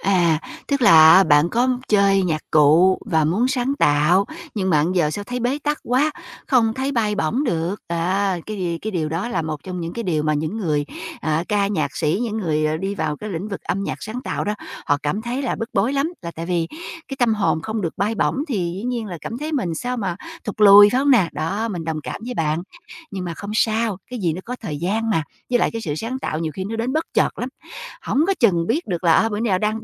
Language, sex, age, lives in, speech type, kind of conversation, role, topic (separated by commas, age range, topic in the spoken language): Vietnamese, female, 45-49, United States, conversation, advice, advisor, Làm sao tận dụng các giới hạn để nảy ra ý tưởng mới khi đang bế tắc sáng tạo?